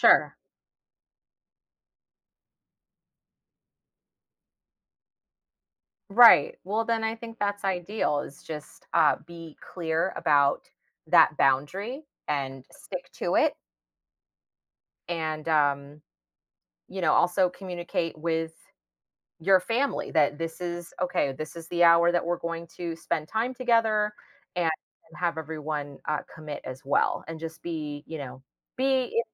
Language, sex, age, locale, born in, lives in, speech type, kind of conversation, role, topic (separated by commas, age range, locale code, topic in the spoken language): English, female, 35-39, en-US, United States, United States, conversation, advice, advisor, How can I balance family time and work during busy periods so I don't feel guilty?